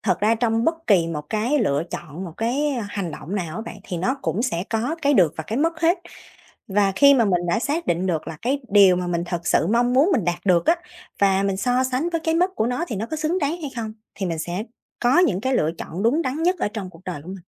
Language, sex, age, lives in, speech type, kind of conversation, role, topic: Vietnamese, female, 30-34, Vietnam, conversation, podcast, guest, Bạn có thể kể về một bước ngoặt lớn trong đời bạn được không?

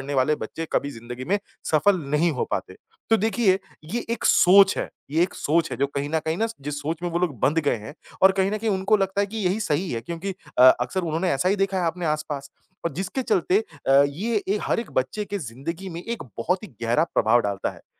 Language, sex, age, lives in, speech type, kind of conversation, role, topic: Hindi, male, 30-34, India, conversation, podcast, guest, परिवार की राय आपके जोखिम लेने वाले फैसलों को कैसे प्रभावित करती है?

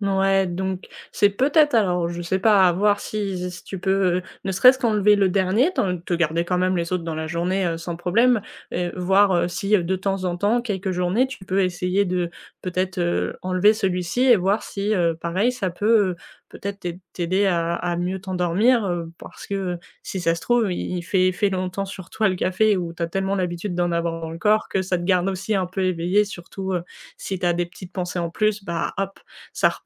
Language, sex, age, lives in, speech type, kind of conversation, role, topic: French, female, 20-24, France, conversation, advice, advisor, Pourquoi est-ce que je n’arrive pas à m’endormir tôt malgré mon envie de mieux dormir ?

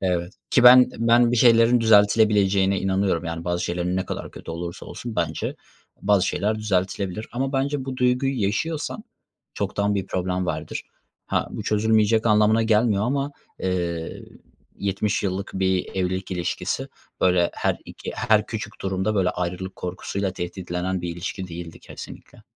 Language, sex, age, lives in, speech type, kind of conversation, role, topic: Turkish, male, 25-29, Germany, conversation, unstructured, none, Ayrılık korkusu bazen bir ilişkiyi nasıl etkiler?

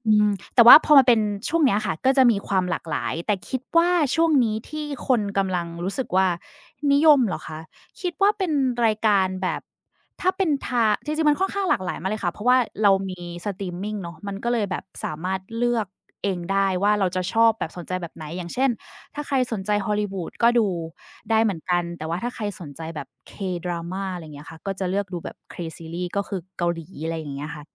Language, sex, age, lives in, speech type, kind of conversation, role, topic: Thai, female, 30-34, Thailand, conversation, unstructured, none, อะไรทำให้รายการโทรทัศน์ดีๆ ดึงดูดความสนใจของผู้ชม?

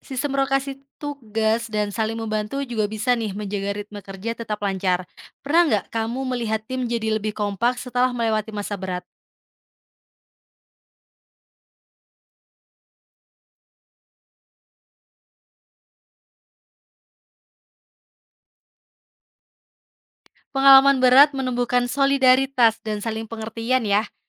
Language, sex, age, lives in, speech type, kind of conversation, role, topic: Indonesian, female, 25-29, Indonesia, read, expert-assistant, expert, Apa strategi efektif untuk mengatur prioritas ketika menghadapi banyak pekerjaan penting sekaligus?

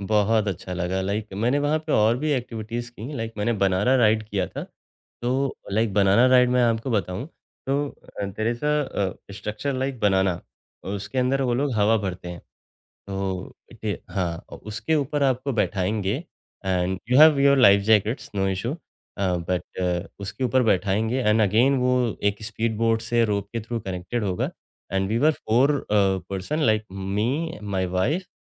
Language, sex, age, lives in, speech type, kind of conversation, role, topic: Hindi, male, 35-39, India, conversation, podcast, guest, Samandar ya nadi ke kinare khade hokar tumhare mann mein kya-kya khayal aaye?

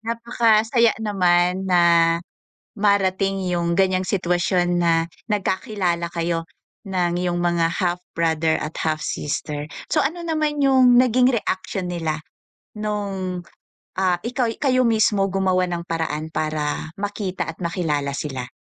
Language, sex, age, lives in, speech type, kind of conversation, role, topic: Filipino, female, 40-44, Philippines, conversation, podcast, host, Ano ang pinakanakagugulat na natuklasan mo tungkol sa angkan mo?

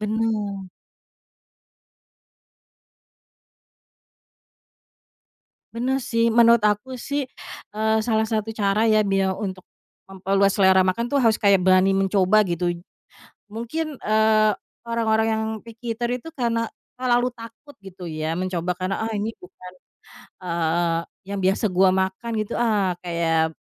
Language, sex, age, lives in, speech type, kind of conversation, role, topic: Indonesian, female, 30-34, Indonesia, conversation, podcast, guest, Menurutmu, bagaimana cara seseorang bisa memperluas selera makannya?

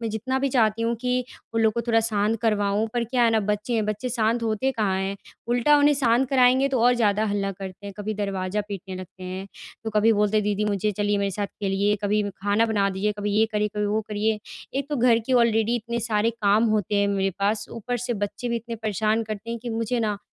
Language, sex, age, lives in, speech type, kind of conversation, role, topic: Hindi, female, 20-24, India, conversation, advice, user, घर पर मुझे ठीक से आराम क्यों नहीं मिल पाता है?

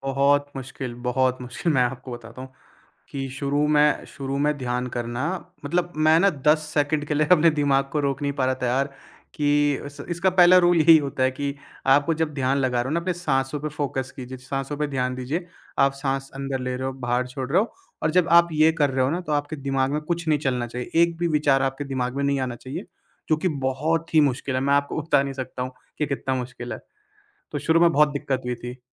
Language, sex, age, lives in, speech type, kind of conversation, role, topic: Hindi, male, 20-24, India, conversation, podcast, guest, ध्यान या सचेतनता का आपके लिए क्या अर्थ है?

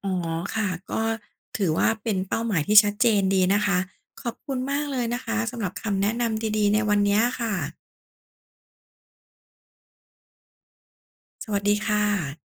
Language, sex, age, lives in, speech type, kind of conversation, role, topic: Thai, female, 40-44, Thailand, read, expert-assistant, asker, ถ้าคุณต้องสร้างทีมบริหารเมืองเล็ก ๆ จะวางโครงสร้างหรือแบ่งหน้าที่กันอย่างไรให้ทุกอย่างเดินหน้าได้ราบรื่น?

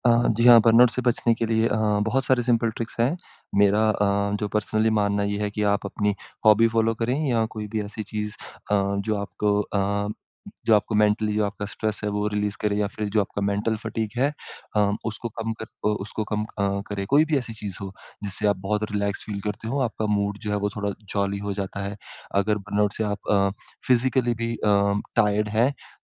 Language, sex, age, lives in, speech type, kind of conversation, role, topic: Hindi, male, 25-29, India, conversation, podcast, guest, Burnout se bachne ke simple tips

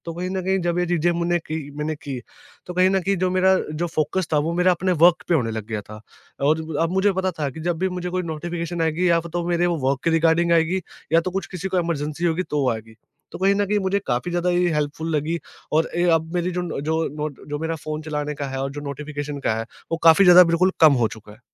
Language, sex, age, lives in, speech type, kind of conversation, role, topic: Hindi, male, 20-24, India, conversation, podcast, guest, Phone aur notifications ko kaise control karne ke best tareeke kya hain?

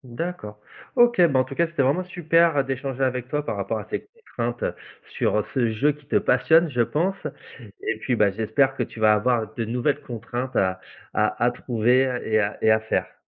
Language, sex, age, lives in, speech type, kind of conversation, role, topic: French, male, 35-39, France, conversation, podcast, host, Comment les contraintes nourrissent-elles ta créativité ?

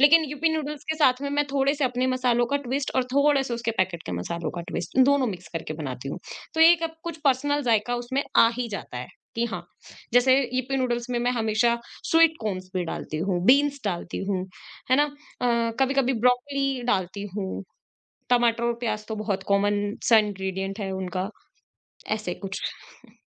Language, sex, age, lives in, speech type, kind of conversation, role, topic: Hindi, female, 25-29, India, conversation, podcast, guest, Food-related hobbies mein aapki sabse zyada dilchaspi kis cheez mein hai?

